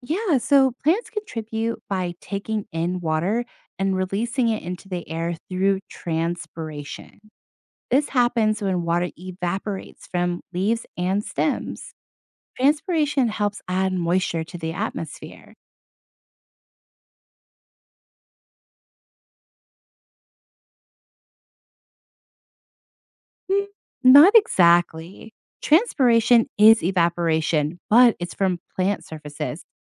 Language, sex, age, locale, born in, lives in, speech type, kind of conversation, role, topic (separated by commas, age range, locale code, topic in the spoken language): English, female, 30-34, en-US, United States, United States, read, expert-assistant, expert, How does the water cycle work?